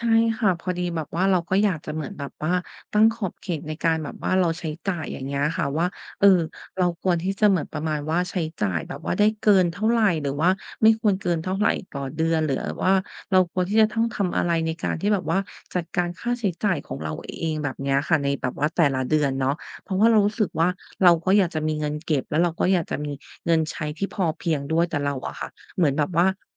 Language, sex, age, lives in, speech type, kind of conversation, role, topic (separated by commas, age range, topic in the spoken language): Thai, female, 30-34, Thailand, conversation, advice, user, ฉันควรตั้งขอบเขตการใช้จ่ายส่วนตัวอย่างไร และจะเข้าใจทริกเกอร์ทางจิตใจที่ทำให้ใช้เงินเกินได้อย่างไร?